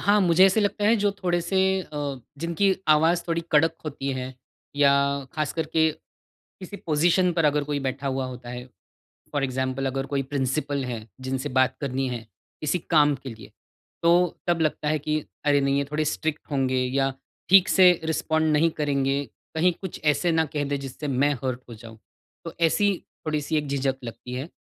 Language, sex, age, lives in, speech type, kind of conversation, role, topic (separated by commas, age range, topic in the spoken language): Hindi, male, 40-44, India, conversation, advice, user, दोस्त बनाने और रिश्ते निभाने में मुझे कठिनाई क्यों होती है?